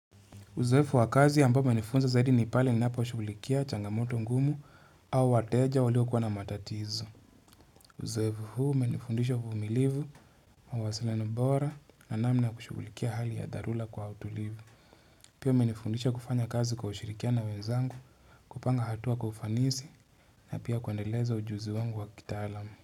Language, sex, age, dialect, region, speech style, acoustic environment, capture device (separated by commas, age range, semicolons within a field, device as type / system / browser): Swahili, male, 25-34, Kenya - Nairobi Sheng-influenced, Kenya, relaxed, nature, mobile / Linux / Chrome